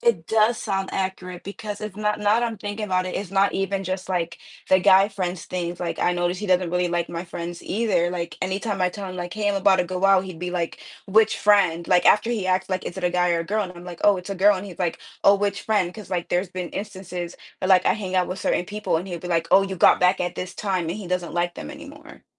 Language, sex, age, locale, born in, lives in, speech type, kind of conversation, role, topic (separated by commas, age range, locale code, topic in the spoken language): English, female, 20-24, en-US, United States, United States, conversation, advice, user, How can I apologize and rebuild trust after breaking a promise to someone I care about?